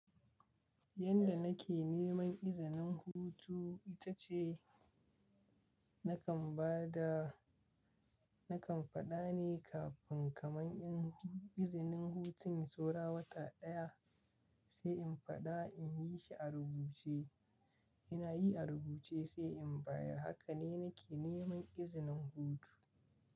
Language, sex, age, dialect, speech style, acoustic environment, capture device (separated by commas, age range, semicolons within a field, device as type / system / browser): Hausa, male, 25-34, Nigeria - Kano, relaxed, silence, mobile / Linux / Chrome